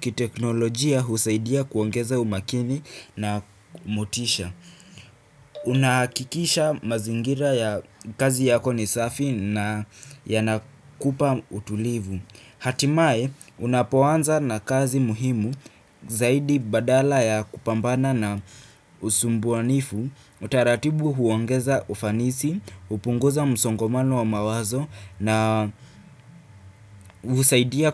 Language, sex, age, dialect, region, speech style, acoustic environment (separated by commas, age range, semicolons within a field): Swahili, female, 25-34, Kenya - Nairobi Sheng-influenced, Kenya, happy; relaxed, nature; silence